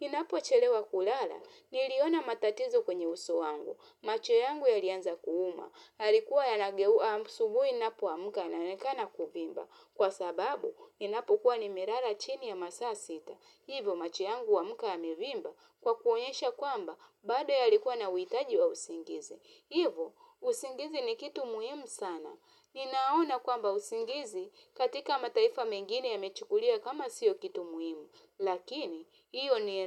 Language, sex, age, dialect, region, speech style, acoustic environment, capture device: Swahili, female, 35-44, Tanzania - Dar es Salaam, Tanzania, happy; relaxed, nature, mobile / Linux / Chrome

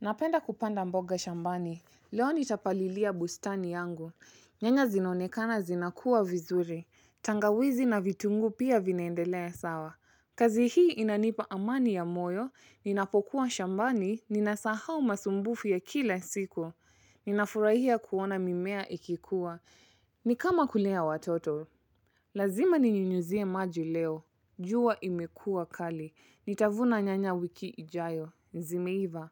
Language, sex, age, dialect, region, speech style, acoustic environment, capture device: Swahili, female, 25-34, Kenya - Mombasa, Kenya, happy; relaxed; excited, silence, mobile / Linux / Chrome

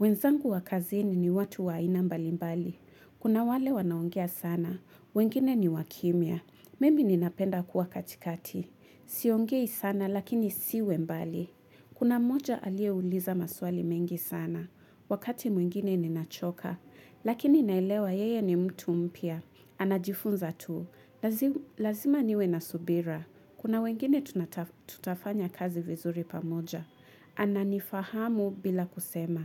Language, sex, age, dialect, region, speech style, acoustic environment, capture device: Swahili, female, 25-34, Kenya - Mombasa, Kenya, relaxed, music, mobile / Linux / Chrome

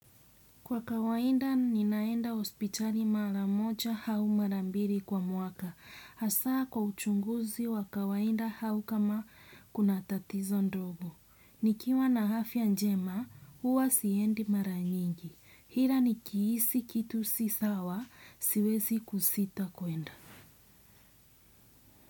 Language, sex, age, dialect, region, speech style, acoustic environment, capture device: Swahili, female, 25-34, Kenya - Mombasa, Kenya, relaxed, nature; silence, desktop / Windows / Chrome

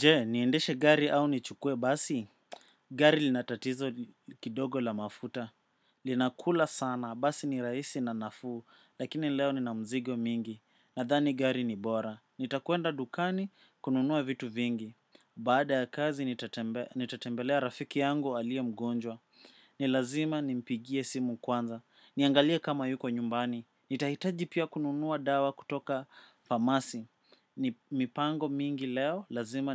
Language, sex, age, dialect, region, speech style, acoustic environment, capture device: Swahili, male, 25-34, Kenya - Nairobi Sheng-influenced, Kenya, focused, silence, desktop / macOS / Chrome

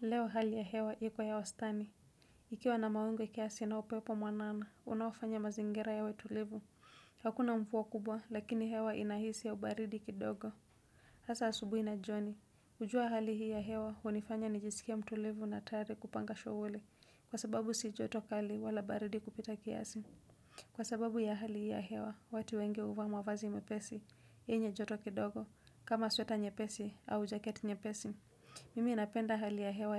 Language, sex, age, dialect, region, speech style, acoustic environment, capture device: Swahili, female, 25-34, Kenya - Mombasa, Kenya, happy, silence, desktop / Windows / Chrome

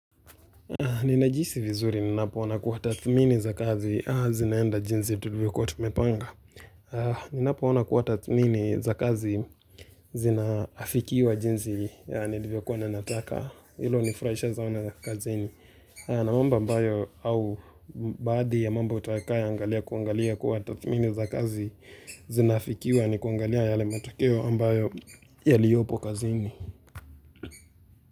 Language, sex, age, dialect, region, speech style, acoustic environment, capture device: Swahili, male, 18-24, Kenya - Nairobi Sheng-influenced, Kenya, excited, nature, mobile / Linux / Chrome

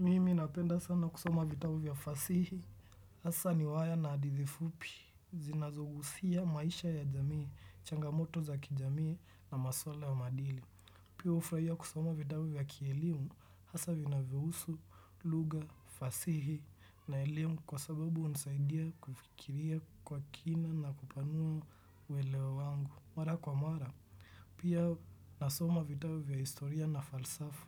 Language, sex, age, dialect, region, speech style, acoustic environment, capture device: Swahili, male, 25-34, Kenya - Nairobi Sheng-influenced, Kenya, happy; focused; relaxed, nature, desktop / Windows / Chrome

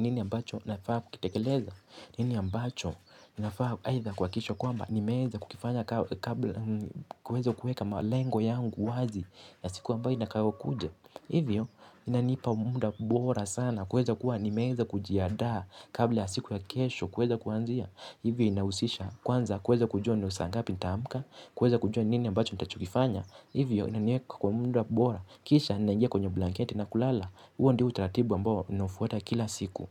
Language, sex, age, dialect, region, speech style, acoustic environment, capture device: Swahili, male, 18-24, Kenya - Mombasa, Kenya, relaxed; focused, nature; silence, mobile / Linux / Chrome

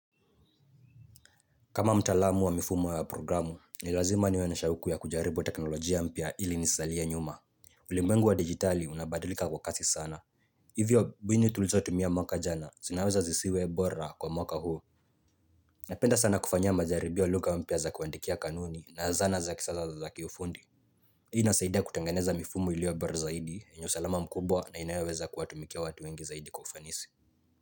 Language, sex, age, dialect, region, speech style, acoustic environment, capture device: Swahili, male, 18-24, Kenya - Nairobi Sheng-influenced, Kenya, relaxed, nature, mobile / Linux / Chrome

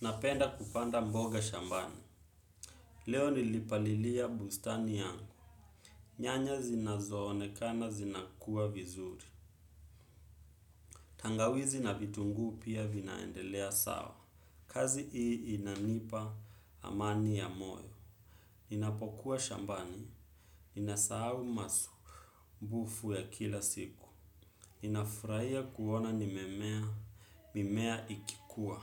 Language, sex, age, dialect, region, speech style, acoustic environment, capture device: Swahili, male, 25-34, Kenya - Nairobi Sheng-influenced, Kenya, focused, people talking, desktop / Windows / Chrome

